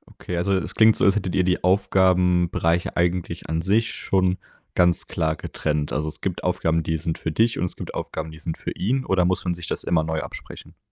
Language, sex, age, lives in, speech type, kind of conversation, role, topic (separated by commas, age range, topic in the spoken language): German, male, 20-24, Germany, conversation, advice, advisor, Wie kann ich im Job klar Nein sagen, ohne mich danach schuldig zu fühlen?